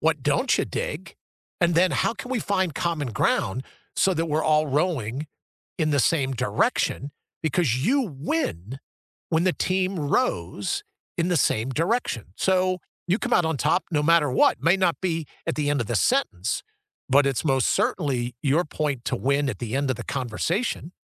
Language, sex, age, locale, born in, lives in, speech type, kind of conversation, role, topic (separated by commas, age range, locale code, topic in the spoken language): English, male, 60-64, en-US, United States, United States, conversation, unstructured, none, Have you ever had to convince someone to accept your point of view?